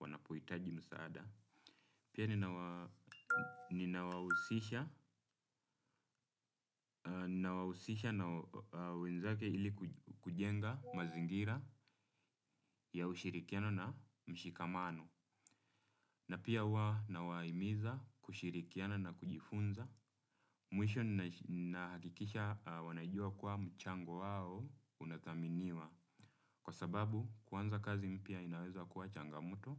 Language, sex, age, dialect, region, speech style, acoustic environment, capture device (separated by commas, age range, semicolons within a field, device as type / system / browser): Swahili, male, 25-34, Kenya - Nairobi Sheng-influenced, Kenya, relaxed, nature; silence, desktop / Windows / Chrome